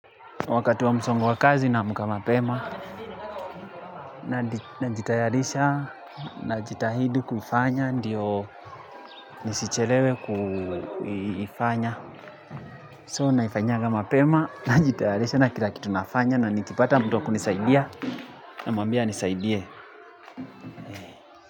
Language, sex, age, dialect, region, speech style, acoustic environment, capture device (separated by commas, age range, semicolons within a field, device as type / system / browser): Swahili, male, 25-34, Kenya - Nairobi Sheng-influenced, Kenya, focused, people talking, mobile / Linux / Chrome